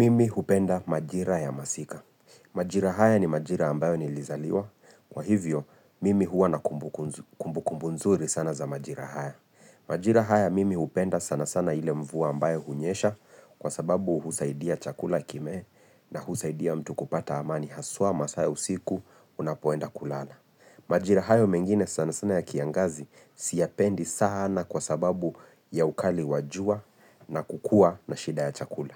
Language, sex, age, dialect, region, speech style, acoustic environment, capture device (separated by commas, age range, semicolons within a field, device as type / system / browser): Swahili, male, 25-34, Kenya - Nairobi Sheng-influenced, Kenya, focused; relaxed, silence, mobile / Linux / Chrome